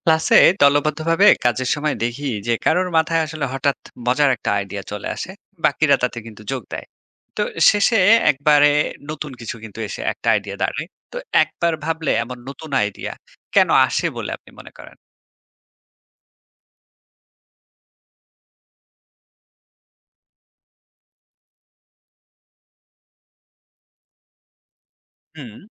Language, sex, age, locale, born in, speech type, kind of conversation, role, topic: Bengali, male, 30-34, bn-BD, Bangladesh, read, expert-assistant, asker, দু’জনের একসাথে চিন্তা করলে কোন কোন নতুন আইডিয়া আসতে পারে, আমরা কীভাবে সেটা কাজে লাগাতে পারি?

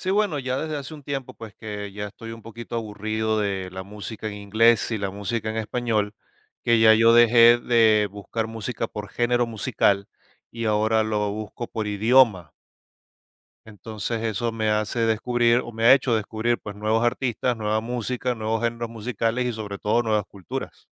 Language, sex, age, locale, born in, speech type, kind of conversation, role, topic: Spanish, male, 45-49, es-VE, Venezuela, conversation, podcast, guest, ¿Cómo sueles descubrir música nueva?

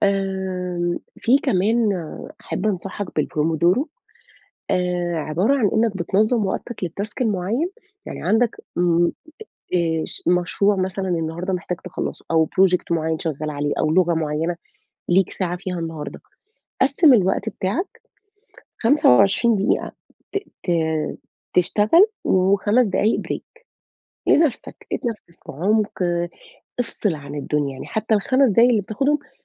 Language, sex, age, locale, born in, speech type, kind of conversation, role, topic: Arabic, female, 35-39, ar-EG, Saudi Arabia, conversation, advice, advisor, إزاي أبطل تسويف وأكمّل المشاريع اللي ببدأها بدل ما أفضّل أبدأ حاجات جديدة كل شوية؟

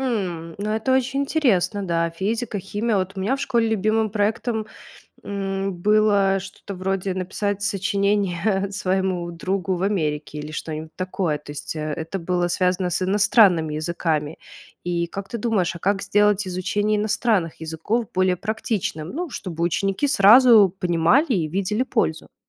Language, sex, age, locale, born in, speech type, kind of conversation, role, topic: Russian, female, 30-34, ru-RU, Belarus, read, expert-assistant, asker, Какие способы помогут школам сделать учебу более полезной для жизни?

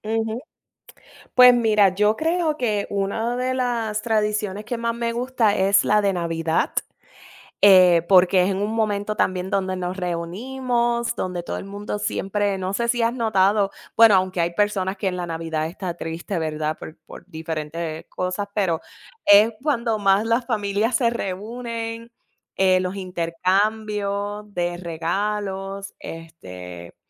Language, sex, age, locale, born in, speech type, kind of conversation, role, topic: Spanish, female, 40-44, es-US, United States, conversation, unstructured, none, ¿Cómo celebras las tradiciones en tu familia?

